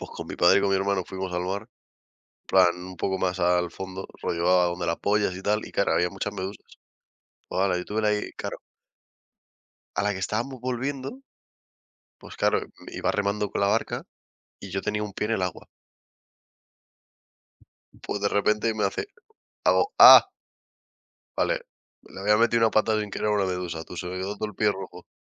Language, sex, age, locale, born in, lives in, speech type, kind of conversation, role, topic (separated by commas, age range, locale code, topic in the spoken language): Spanish, male, 20-24, es-ES, Spain, Spain, conversation, unstructured, none, Entre la playa y la montaña, ¿cuál es tu destino ideal para vacacionar?